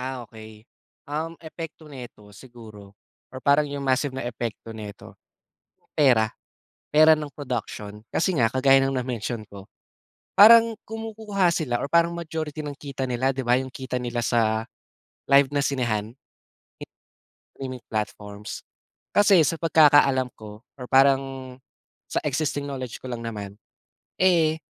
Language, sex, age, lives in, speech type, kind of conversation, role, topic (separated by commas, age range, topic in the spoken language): Filipino, male, 20-24, Philippines, conversation, podcast, guest, Ano ang epekto ng mga platapormang panonood sa lokal na pelikula?